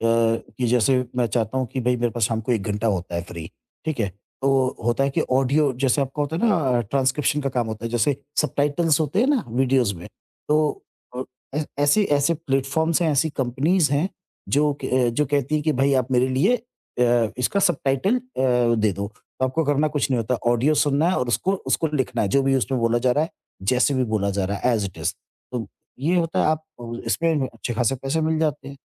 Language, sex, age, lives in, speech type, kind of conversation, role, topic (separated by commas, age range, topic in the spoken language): Hindi, male, 50-54, India, conversation, podcast, guest, Technology ne tumhari khud-se seekhne ki aadat ko kaise badla hai, kuch examples de sakte ho?